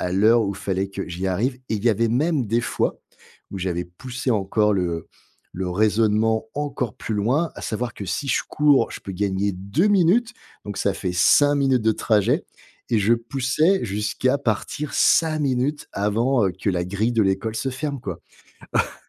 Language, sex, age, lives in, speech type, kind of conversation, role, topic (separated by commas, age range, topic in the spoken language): French, male, 45-49, France, conversation, advice, user, Pourquoi suis-je toujours en retard à mes rendez-vous et à mes échéances ?